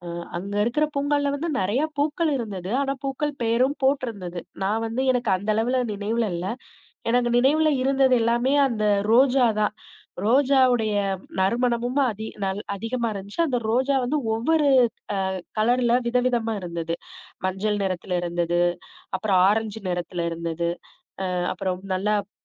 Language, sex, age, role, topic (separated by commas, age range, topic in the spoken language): Tamil, female, 35-39, guest, உங்களுக்குப் பிடித்த மலைப்பயணம் பற்றி சொல்ல முடியுமா?